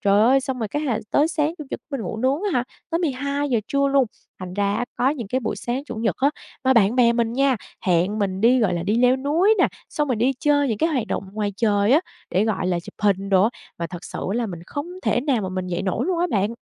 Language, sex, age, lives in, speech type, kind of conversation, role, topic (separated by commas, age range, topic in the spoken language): Vietnamese, female, 25-29, Vietnam, conversation, advice, user, Làm thế nào để xây dựng thói quen ngủ sớm hơn khi tôi thường xuyên thức khuya?